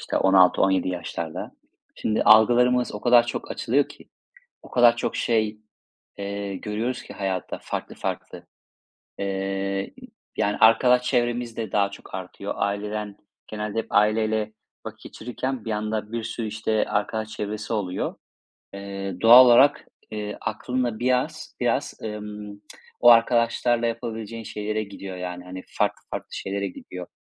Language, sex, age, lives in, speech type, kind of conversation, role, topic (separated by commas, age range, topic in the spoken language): Turkish, male, 35-39, Spain, conversation, unstructured, none, Bayramlarda ailece bir arada olmanın önemi nedir?